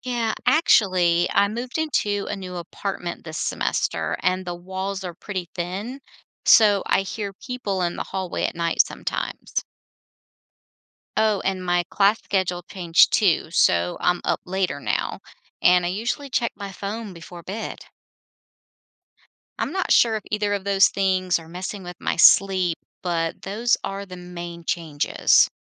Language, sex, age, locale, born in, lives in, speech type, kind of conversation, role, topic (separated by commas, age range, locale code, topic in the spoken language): English, female, 50-54, en-US, United States, United States, read, expert-assistant, asker, What causes persistent fatigue despite adequate sleep?